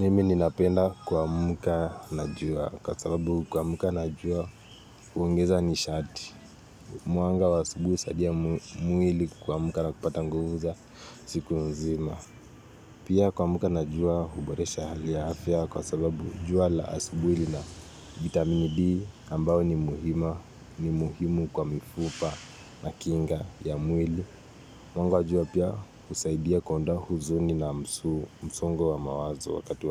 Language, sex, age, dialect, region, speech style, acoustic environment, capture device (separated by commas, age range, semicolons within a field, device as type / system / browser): Swahili, male, 18-24, Kenya - Nairobi Sheng-influenced, Kenya, relaxed; focused, nature; people talking; silence, mobile / Linux / Chrome